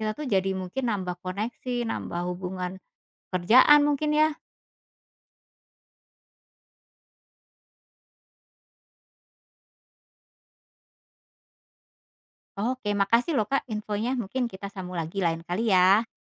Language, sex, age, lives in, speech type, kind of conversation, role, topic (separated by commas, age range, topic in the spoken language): Indonesian, female, 40-44, Indonesia, conversation, podcast, host, Bagaimana pengalamanmu merayakan tradisi lama di tempat baru?